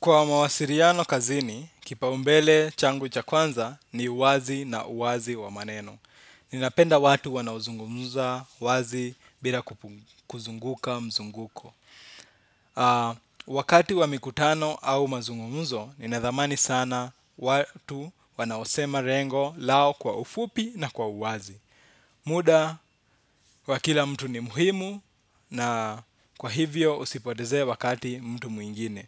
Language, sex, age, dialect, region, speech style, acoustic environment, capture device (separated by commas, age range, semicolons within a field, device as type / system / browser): Swahili, male, 25-34, Kenya - Nairobi Sheng-influenced, Kenya, relaxed, silence, desktop / Windows / Chrome